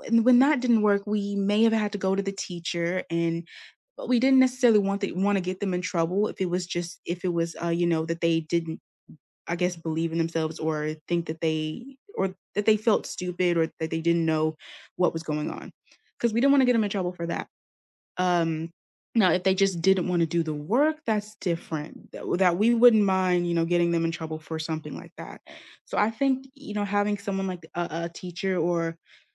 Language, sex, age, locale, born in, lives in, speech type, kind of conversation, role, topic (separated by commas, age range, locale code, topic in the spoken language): English, female, 20-24, en-US, United States, United States, conversation, unstructured, none, What makes a class discussion feel inclusive to you?